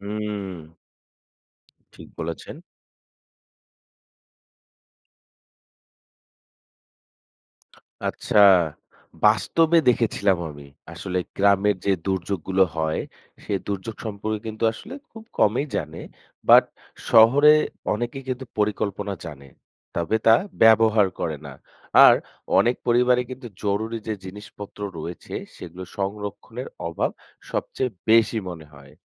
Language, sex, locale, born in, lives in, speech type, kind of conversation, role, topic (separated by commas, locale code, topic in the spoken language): Bengali, female, bn-BD, Bangladesh, Bangladesh, read, expert-assistant, asker, দুর্যোগ মোকাবেলায় প্রস্তুতির গুরুত্ব কী